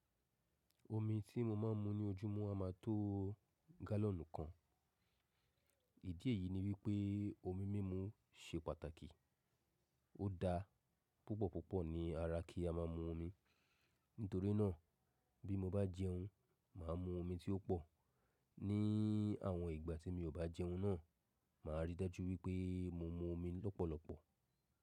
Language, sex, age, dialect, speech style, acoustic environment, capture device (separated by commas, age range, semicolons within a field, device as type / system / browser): Yoruba, male, 35-44, Nigeria - Lagos Yoruba, relaxed, silence, mobile / macOS / Safari